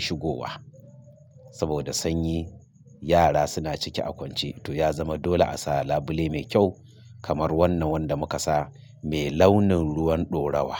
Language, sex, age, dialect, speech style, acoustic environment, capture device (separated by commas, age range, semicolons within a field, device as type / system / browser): Hausa, male, 45-54, Nigeria - Kano, stressed; focused; excited; happy; anxious; tired; relaxed; nervous, traffic; construction; appliances; silence, mobile / Linux / Chrome